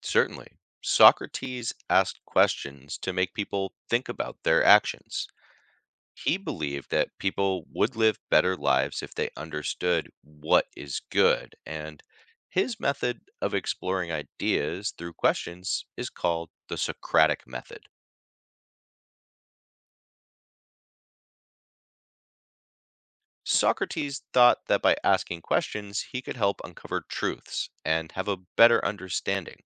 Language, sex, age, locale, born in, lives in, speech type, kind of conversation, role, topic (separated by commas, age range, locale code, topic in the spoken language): English, male, 35-39, en-US, United States, United States, read, expert-assistant, expert, How did ancient thinkers figure out what’s right or wrong?